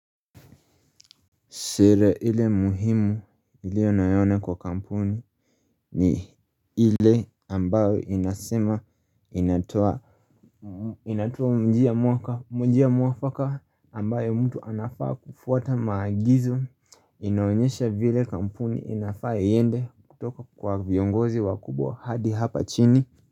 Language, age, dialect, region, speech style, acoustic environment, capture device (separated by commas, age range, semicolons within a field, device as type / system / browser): Swahili, 25-34, Kenya - Nairobi Sheng-influenced, Kenya, relaxed, silence, mobile / Linux / Chrome